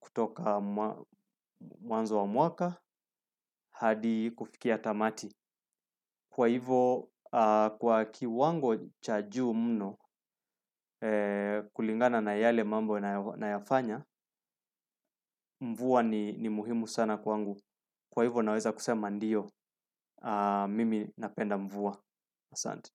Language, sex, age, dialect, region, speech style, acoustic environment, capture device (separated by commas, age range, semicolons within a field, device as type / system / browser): Swahili, male, 35-44, Kenya - Nairobi Sheng-influenced, Kenya, relaxed, silence, desktop / Windows / Chrome